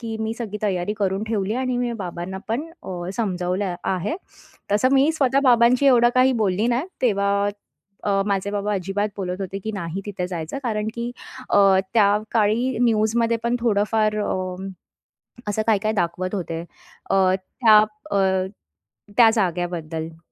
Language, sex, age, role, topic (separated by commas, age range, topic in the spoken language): Marathi, female, 30-34, guest, परिस्थिती बदलल्यावर आयुष्यात नव्याने सुरुवात कशी करावी?